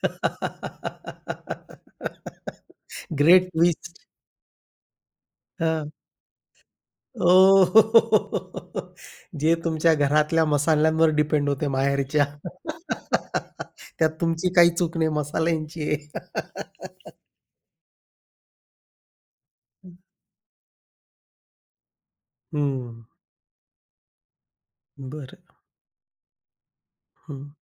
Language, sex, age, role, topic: Marathi, male, 40-44, host, अभिप्राय मिळाल्यावर तुम्ही कसा प्रतिसाद देता?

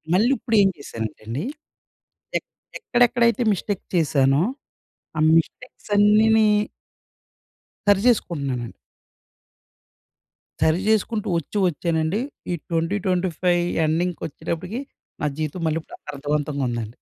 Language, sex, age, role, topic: Telugu, male, 30-34, guest, మీకు జీవితం అర్థవంతంగా ఉందని ఎప్పుడు అనిపించింది?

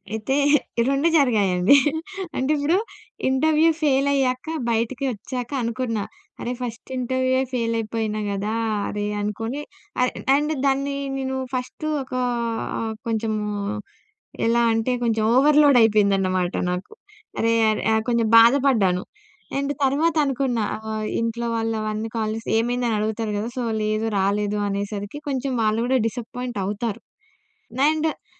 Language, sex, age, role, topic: Telugu, female, 20-24, guest, తప్పుల ద్వారా నేర్చుకోవడాన్ని మీరు ఎలా స్వీకరిస్తారు?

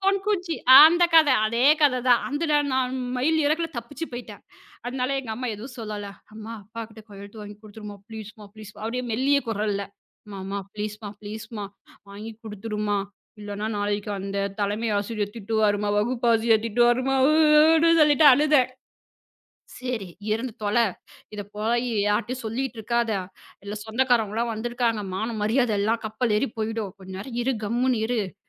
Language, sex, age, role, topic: Tamil, female, 35-39, guest, பெற்றோரிடம் உங்கள் தோல்வியை எப்படி தெளிவாகவும் பொறுப்புடன்வும் விளக்குவீர்கள்?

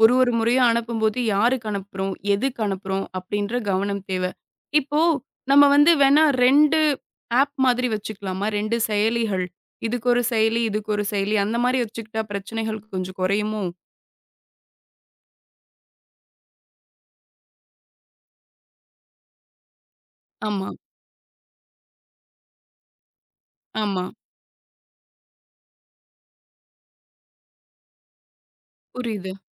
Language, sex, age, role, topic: Tamil, female, 25-29, host, வேலைக்கான கணக்குகளையும் தனிப்பட்ட கணக்குகளையும் நீங்கள் தனித்தனியாக வைத்திருக்கிறீர்களா?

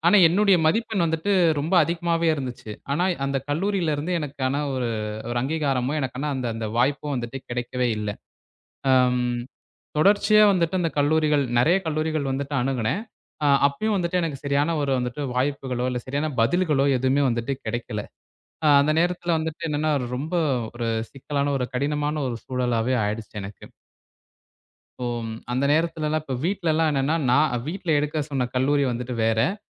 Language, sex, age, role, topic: Tamil, male, 20-24, guest, தாமதம் அல்லது தோல்வி ஏற்படும் போது உங்கள் மனதை நீங்கள் எப்படித் தாங்கிக்கொள்கிறீர்கள்?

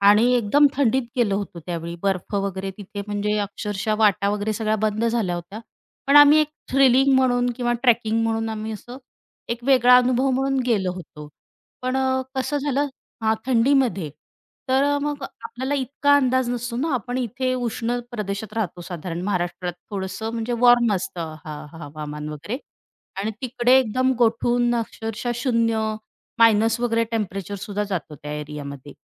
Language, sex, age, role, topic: Marathi, female, 35-39, guest, ऋतू बदलल्यावर तुमच्या मनःस्थितीवर कसा परिणाम होतो?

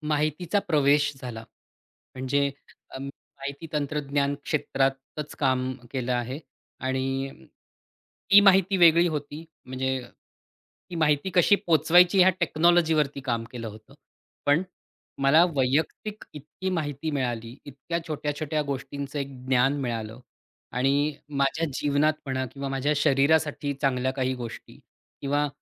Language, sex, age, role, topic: Marathi, male, 40-44, guest, स्मार्टफोनमुळे तुमचे दैनंदिन आयुष्य कसे बदलले आहे, ते सांगाल का?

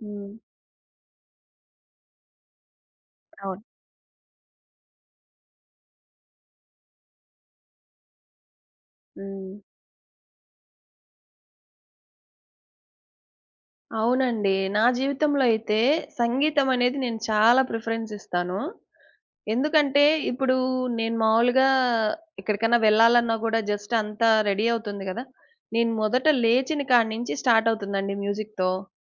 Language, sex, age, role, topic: Telugu, female, 30-34, guest, సంగీతం లేదా కథలు మీకు ప్రేరణను ఎలా కలిగిస్తాయి?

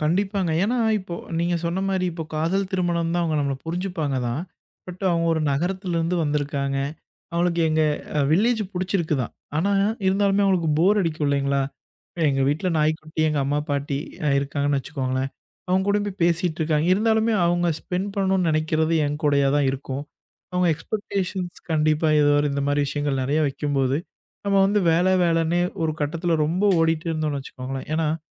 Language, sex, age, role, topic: Tamil, male, 25-29, guest, தொழில்நுட்பம் குடும்ப உறவுகளை எவ்வாறு பாதித்துள்ளது?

